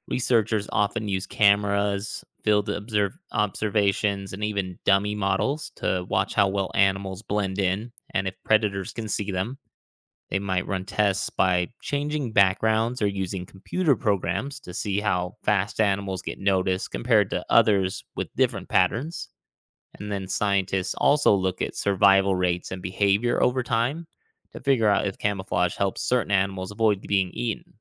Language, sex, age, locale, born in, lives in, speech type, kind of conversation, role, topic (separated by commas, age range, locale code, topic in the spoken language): English, male, 35-39, en-US, United States, United States, read, expert-assistant, expert, Why do some animals have camouflage?